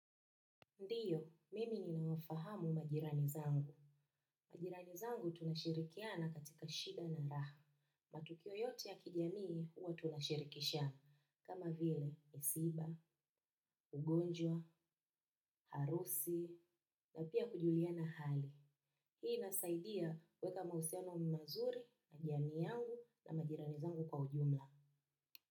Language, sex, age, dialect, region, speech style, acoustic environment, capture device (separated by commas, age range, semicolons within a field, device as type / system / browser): Swahili, female, 25-34, Kenya - Nairobi Sheng-influenced, Kenya, happy; relaxed; focused; excited, silence, desktop / macOS / Chrome